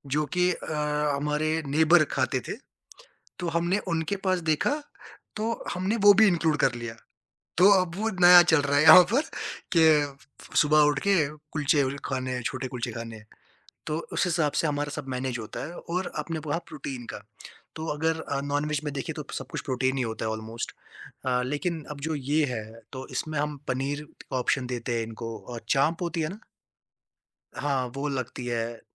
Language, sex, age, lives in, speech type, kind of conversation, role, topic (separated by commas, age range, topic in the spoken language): Hindi, male, 20-24, India, conversation, podcast, guest, Vegetarian guests ke liye celebration ka menu kaise plan karte ho?